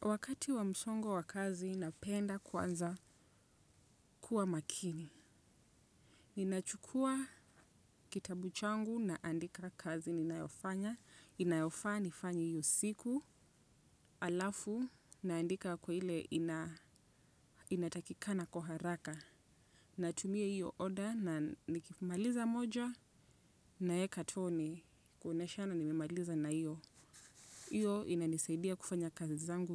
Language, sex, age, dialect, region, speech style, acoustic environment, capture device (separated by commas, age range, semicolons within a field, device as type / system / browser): Swahili, female, 25-34, Kenya - Nairobi Sheng-influenced, Kenya, relaxed, silence, desktop / Windows / Chrome